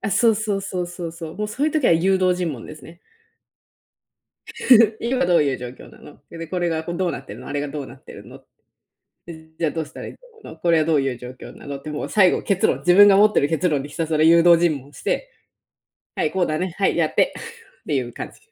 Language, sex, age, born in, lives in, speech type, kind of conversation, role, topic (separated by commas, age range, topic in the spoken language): Japanese, female, 30-34, Japan, United States, conversation, podcast, guest, 仕事と私生活のバランスを、どのように実現していますか？